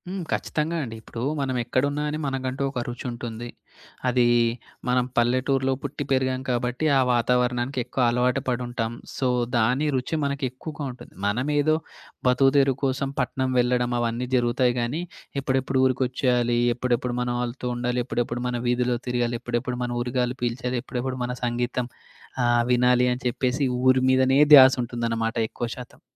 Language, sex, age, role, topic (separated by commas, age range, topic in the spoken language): Telugu, male, 25-29, guest, మీ సంగీత అభిరుచిపై మీ ఊరు ఎలాంటి ప్రభావం చూపింది?